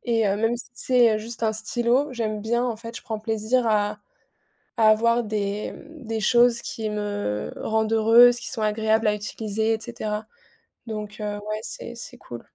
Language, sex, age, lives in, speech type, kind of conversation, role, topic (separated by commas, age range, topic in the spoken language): French, female, 20-24, France, conversation, unstructured, none, Comment trouves-tu du plaisir dans les petites choses ?